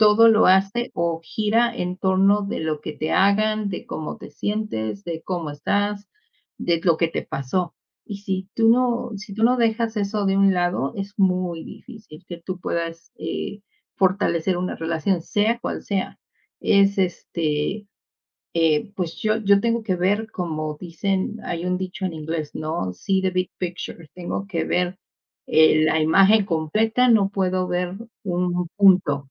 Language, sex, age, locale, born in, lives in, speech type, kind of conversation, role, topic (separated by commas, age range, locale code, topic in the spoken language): Spanish, female, 50-54, es-MX, Mexico, Mexico, conversation, unstructured, none, ¿Cómo manejas las diferencias en una relación?